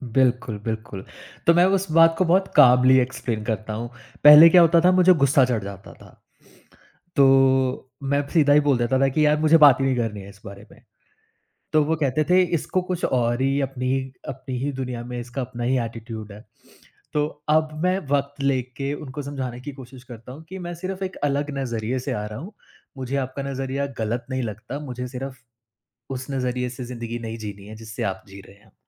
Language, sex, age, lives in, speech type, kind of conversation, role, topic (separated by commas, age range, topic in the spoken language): Hindi, male, 30-34, India, conversation, podcast, guest, Relatives ko ‘na’ bolna aap kaise aasaan banate ho?